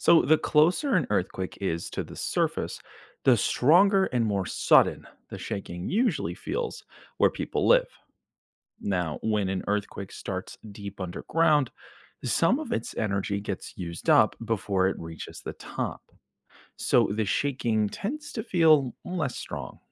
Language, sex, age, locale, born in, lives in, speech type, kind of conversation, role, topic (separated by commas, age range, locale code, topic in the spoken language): English, male, 25-29, en-US, United States, United States, read, expert-assistant, expert, Why are earthquakes stronger in some places than in others?